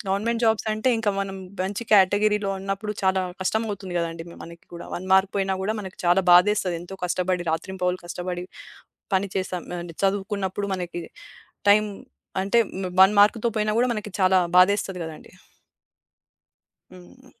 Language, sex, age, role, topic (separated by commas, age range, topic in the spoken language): Telugu, female, 25-29, guest, మీ జీవితంలో మీరు తీసుకున్న ఏ నిర్ణయంపై మీకు ఎక్కువ పశ్చాత్తాపం ఉంది?